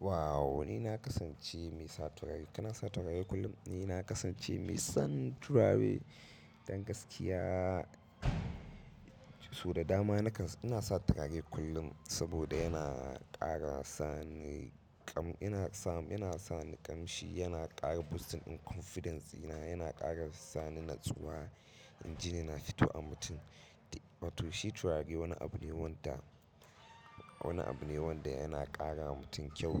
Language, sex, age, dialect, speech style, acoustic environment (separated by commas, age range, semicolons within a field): Hausa, male, 25-34, Nigeria - Katsina, happy, silence